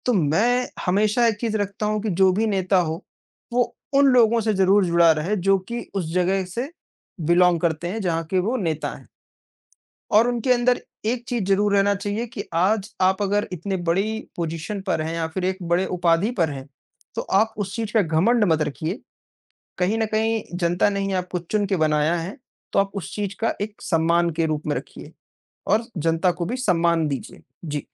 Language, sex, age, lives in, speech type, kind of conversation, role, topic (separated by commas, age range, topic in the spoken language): Hindi, male, 55-59, India, conversation, unstructured, none, आपके हिसाब से एक अच्छे नेता में कौन-कौन से गुण होने चाहिए?